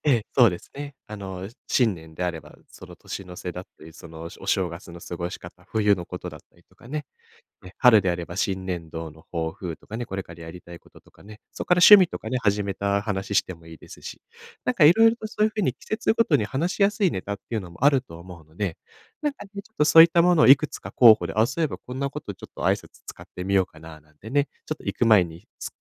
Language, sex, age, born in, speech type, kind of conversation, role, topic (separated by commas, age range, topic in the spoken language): Japanese, male, 25-29, Japan, conversation, advice, advisor, パーティーで気まずい雰囲気を和らげるにはどうすればいいですか？